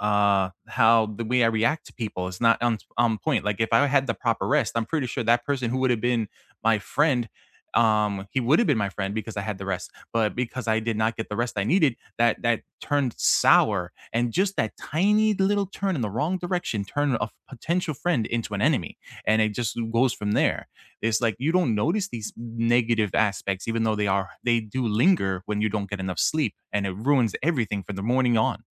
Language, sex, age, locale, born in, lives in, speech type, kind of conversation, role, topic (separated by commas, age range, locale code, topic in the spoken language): English, male, 35-39, en-US, United States, United States, conversation, unstructured, none, What morning routines help you set a positive tone for the day?